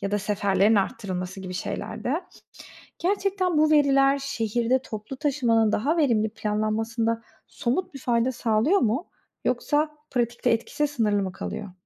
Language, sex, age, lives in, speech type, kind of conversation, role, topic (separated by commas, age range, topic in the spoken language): Turkish, female, 30-34, Netherlands, read, expert-assistant, asker, Toplu taşımada sık yaşanan arızaların sebepleri ve olası çözümleri nelerdir?